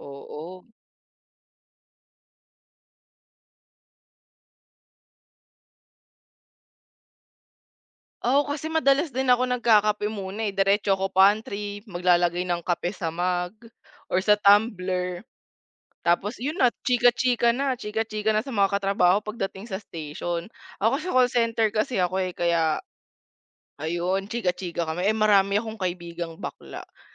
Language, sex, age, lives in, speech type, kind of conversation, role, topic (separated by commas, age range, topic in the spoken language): Filipino, female, 20-24, Philippines, conversation, unstructured, none, Ano ang mga simpleng bagay na nagpapasaya sa iyo sa opisina?